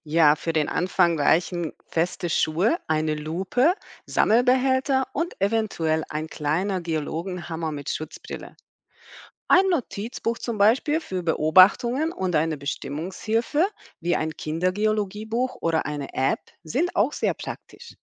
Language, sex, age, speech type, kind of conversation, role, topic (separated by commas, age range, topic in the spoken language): German, female, 40-44, read, expert-assistant, expert, Wie kann man einen spannenden Ausflug planen, um geologische Besonderheiten in der eigenen Region zu entdecken?